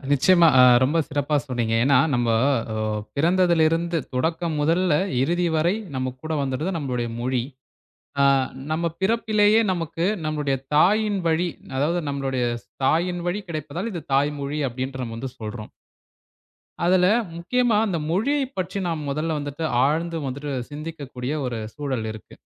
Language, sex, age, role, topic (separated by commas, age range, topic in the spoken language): Tamil, male, 20-24, guest, மொழி உங்கள் பெருமையில் எவ்வளவு முக்கிய பங்கு வகிக்கிறது?